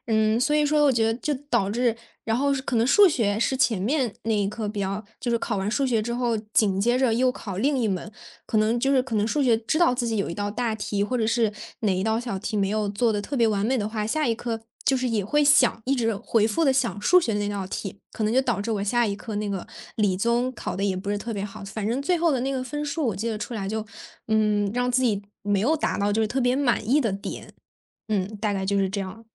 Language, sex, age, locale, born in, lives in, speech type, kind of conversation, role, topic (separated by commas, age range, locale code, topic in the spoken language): Chinese, female, 20-24, zh-CN, China, Germany, conversation, podcast, guest, 你能讲讲一次让你纠结了很久才做出决定的选择经历吗？